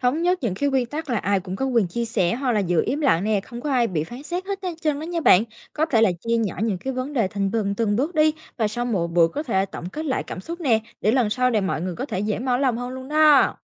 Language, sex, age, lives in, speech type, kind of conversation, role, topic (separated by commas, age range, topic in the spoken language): Vietnamese, female, 18-19, Vietnam, read, expert-assistant, expert, Bạn sẽ ra sao nếu một ngày vai trò trong gia đình bị đảo ngược (con làm cha mẹ, cha mẹ làm con)? Điều này sẽ tác động thế nào đến sự thấu hiểu và gắn bó giữa các thế hệ?